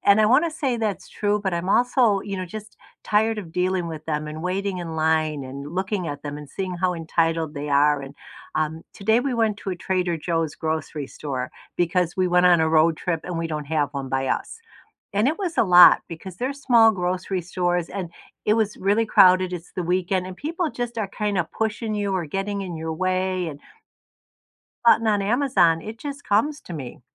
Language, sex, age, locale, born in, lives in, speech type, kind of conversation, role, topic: English, female, 65-69, en-US, United States, United States, conversation, unstructured, none, What apps are on your home screen, and why did you choose them?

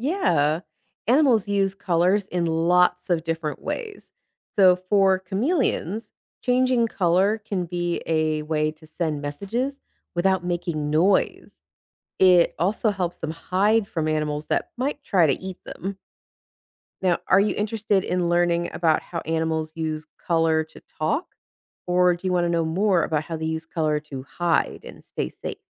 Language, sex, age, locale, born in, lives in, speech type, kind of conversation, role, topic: English, female, 35-39, en-US, United States, United States, read, expert-assistant, expert, Why do chameleons change color?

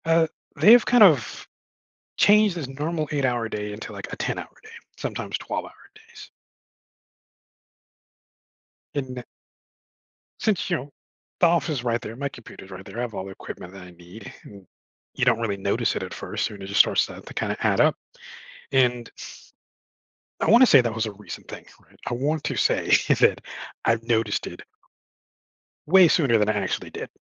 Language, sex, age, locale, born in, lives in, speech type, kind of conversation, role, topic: English, male, 40-44, en-US, United States, United States, conversation, advice, user, How can I reduce stress while balancing job demands and family responsibilities?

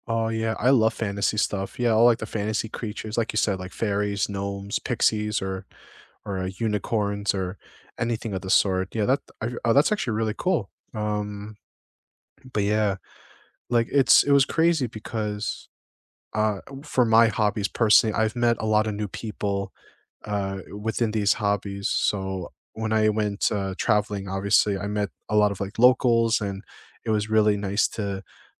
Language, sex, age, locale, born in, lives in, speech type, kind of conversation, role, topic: English, male, 25-29, en-US, United States, United States, conversation, unstructured, none, What’s a hobby that has brought unexpected joy to your life?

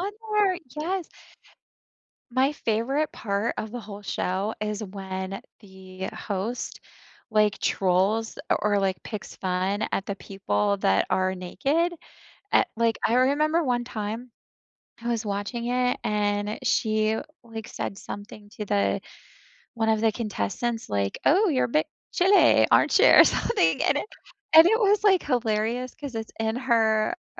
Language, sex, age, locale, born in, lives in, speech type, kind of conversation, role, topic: English, female, 40-44, en-US, United States, United States, conversation, unstructured, none, Which reality shows are your guilty pleasures, and what makes them so addictively fun?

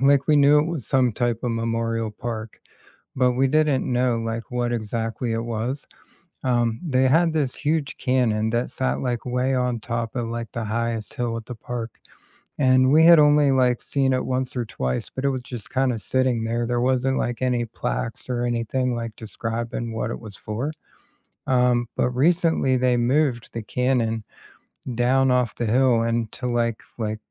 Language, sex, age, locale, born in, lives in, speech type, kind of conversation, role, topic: English, male, 40-44, en-US, United States, United States, conversation, unstructured, none, Which local spot do you love introducing friends to, and what makes spending time there together feel special?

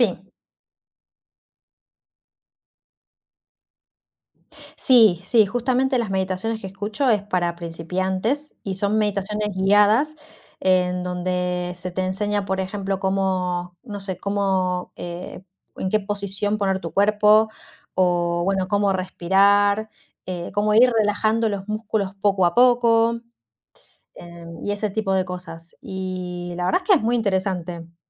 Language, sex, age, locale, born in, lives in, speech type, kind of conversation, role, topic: Spanish, female, 35-39, es-AR, Argentina, Netherlands, conversation, unstructured, none, ¿Qué hábito diario crees que mejora tu vida?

